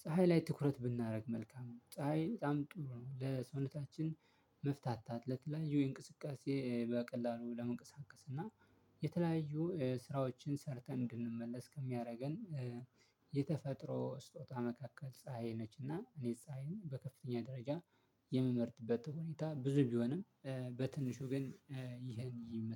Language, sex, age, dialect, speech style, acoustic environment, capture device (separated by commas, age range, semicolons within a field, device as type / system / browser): Amharic, male, 25-34, Ethiopia - Addis Ababa, relaxed, silence, mobile / Linux / Chrome